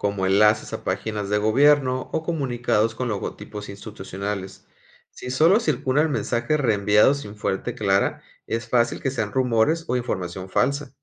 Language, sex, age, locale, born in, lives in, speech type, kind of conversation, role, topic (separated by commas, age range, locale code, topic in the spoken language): Spanish, male, 40-44, es-MX, Mexico, Mexico, read, expert-assistant, expert, ¿Qué estrategias puedo usar para encontrar información confiable y actualizada sobre programas de gobierno en mi localidad?